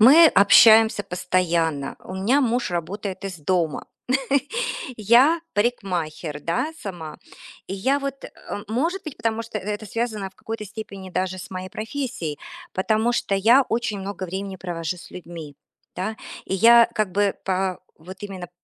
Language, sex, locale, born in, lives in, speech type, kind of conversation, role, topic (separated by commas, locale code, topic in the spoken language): Russian, female, ru-RU, Russia, United States, conversation, advice, user, Как найти баланс между личным пространством и совместным временем в новых отношениях?